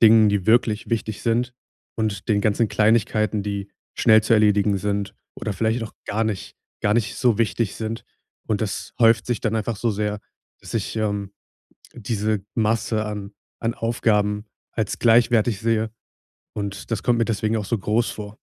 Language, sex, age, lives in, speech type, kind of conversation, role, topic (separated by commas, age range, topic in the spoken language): German, male, 20-24, Germany, conversation, advice, user, Wie kann ich besser entspannen, ohne ständig abgelenkt zu werden?